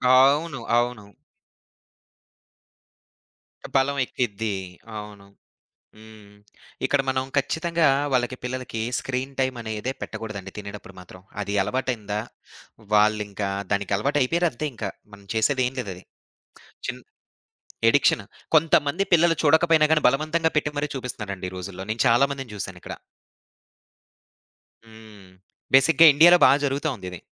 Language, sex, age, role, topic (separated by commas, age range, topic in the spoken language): Telugu, male, 25-29, guest, ఇంట్లో డిజిటల్ తెరల ముందు గడిపే సమయాన్ని మీరు ఎలా నియంత్రిస్తారు?